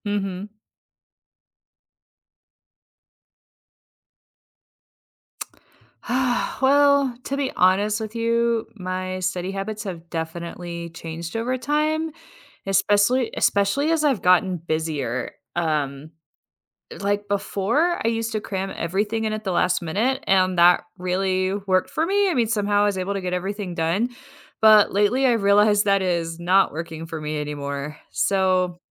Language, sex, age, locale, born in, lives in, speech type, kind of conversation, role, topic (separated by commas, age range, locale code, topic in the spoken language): English, female, 40-44, en-US, United States, United States, read, expert-assistant, asker, How can we develop good study habits?